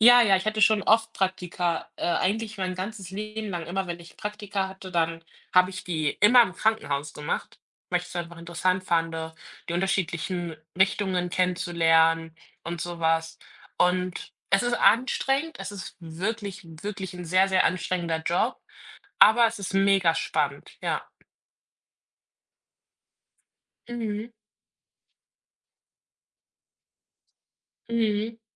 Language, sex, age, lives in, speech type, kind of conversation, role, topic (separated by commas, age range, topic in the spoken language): German, female, 20-24, Germany, conversation, unstructured, none, Was magst du an deinem Job am meisten?